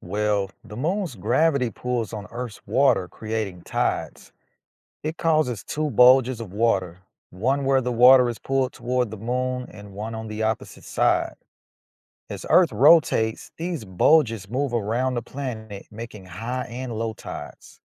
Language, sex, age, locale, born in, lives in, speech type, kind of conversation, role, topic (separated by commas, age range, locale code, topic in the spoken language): English, male, 35-39, en-US, United States, United States, read, expert-assistant, expert, How does the moon affect the tides?